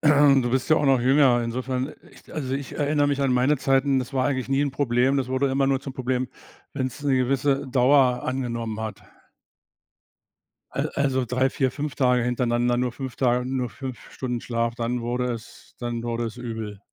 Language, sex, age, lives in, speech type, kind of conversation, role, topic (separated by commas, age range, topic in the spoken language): German, male, 65-69, Germany, conversation, advice, advisor, Warum wechselt mein Schlafrhythmus ständig und warum bin ich morgens so müde?